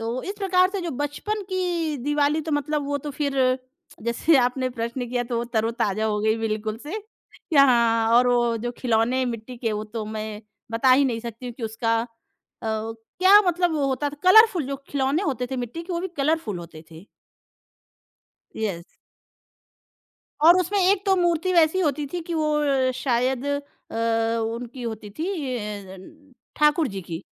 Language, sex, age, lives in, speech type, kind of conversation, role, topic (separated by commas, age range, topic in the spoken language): Hindi, female, 30-34, India, conversation, podcast, guest, Tumhare ghar mein alag-alag generations tyohar kaise manate hain?